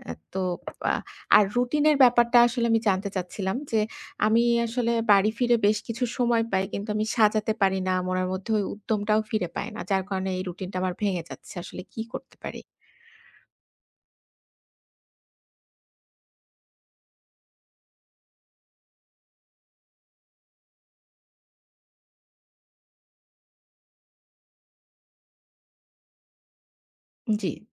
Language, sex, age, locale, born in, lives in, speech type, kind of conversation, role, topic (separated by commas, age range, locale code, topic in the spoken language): Bengali, female, 30-34, bn-BD, Bangladesh, Bangladesh, conversation, advice, user, জীবনের পরিবর্তনের কারণে আপনার সৃজনশীল রুটিন কীভাবে ভেঙে যাচ্ছে?